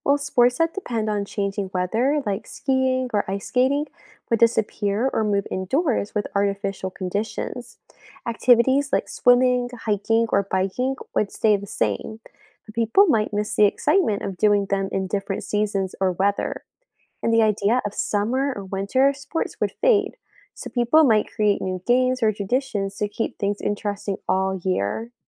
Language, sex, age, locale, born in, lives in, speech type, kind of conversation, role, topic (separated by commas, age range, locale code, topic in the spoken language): English, female, 20-24, en-US, United States, United States, read, expert-assistant, expert, How would life change without seasons or weather changes?